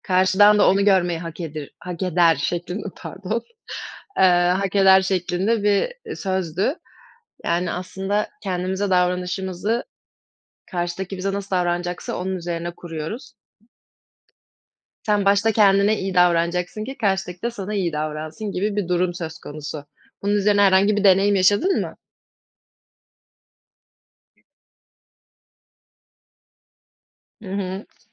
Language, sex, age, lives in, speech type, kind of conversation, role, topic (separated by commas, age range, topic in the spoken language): Turkish, female, 20-24, Germany, conversation, unstructured, none, Kendimize karşı şefkatli olmak neden önemlidir?